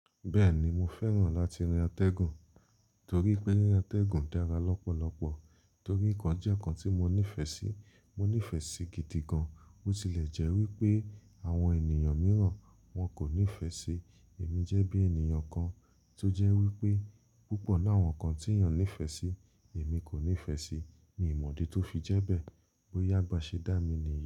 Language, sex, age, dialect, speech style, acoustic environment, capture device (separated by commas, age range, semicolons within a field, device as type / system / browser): Yoruba, male, 25-34, Nigeria - Lagos Yoruba, relaxed, silence, mobile / Linux / Chrome